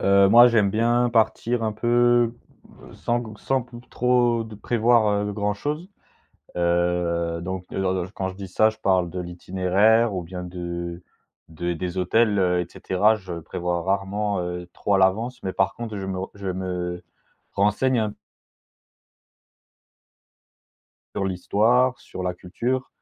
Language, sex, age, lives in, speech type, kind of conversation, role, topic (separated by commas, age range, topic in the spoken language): French, male, 20-24, France, conversation, podcast, guest, Comment t’immerges-tu dans la culture d’un pays quand tu voyages ?